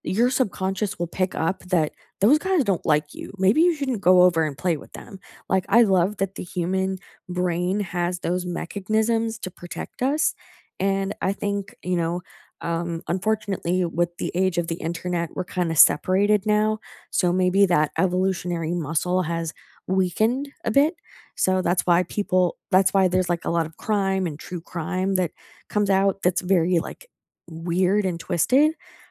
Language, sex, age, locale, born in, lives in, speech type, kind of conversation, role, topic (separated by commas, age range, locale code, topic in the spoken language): English, female, 25-29, en-US, Canada, United States, conversation, unstructured, none, How did you make friends at school, and what helped you fit in?